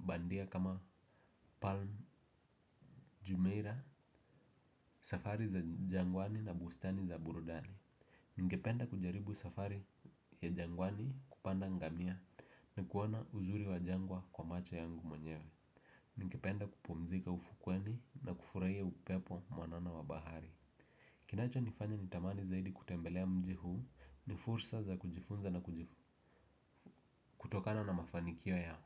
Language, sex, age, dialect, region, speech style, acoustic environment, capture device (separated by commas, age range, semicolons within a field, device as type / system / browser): Swahili, male, 18-24, Kenya - Nairobi Sheng-influenced, Kenya, focused; relaxed, people talking, desktop / Windows / Edge